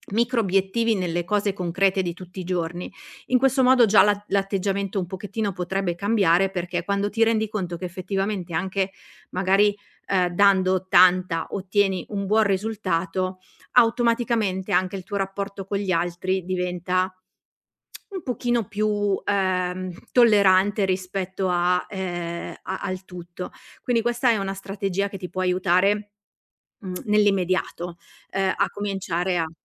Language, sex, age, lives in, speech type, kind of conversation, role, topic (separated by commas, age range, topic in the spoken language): Italian, female, 40-44, Italy, conversation, advice, advisor, Come posso superare il perfezionismo che mi blocca dal portare a termine vecchi progetti creativi?